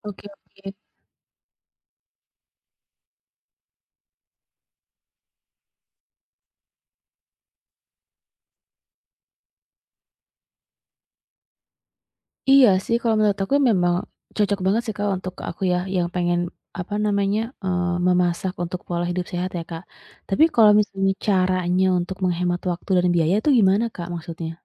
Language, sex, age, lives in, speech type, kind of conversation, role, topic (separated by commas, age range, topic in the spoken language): Indonesian, female, 35-39, Indonesia, conversation, advice, user, Bagaimana cara memasak dalam jumlah banyak sekaligus untuk menghemat waktu dan biaya?